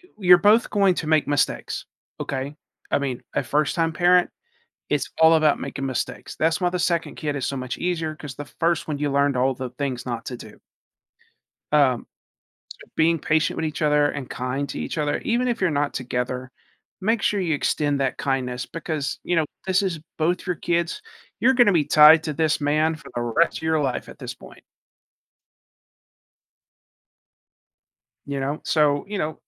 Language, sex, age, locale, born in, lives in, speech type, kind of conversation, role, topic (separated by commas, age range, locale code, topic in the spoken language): English, male, 40-44, en-US, United States, United States, conversation, advice, advisor, How do I prepare emotionally and practically for becoming a parent?